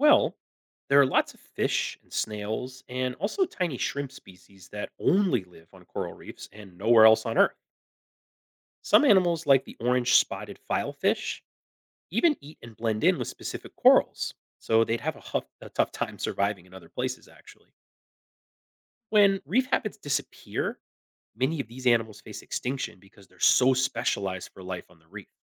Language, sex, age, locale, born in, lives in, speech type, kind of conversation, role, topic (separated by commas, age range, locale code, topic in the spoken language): English, male, 40-44, en-US, United States, United States, read, expert-assistant, expert, How do coral reefs form and why are they important?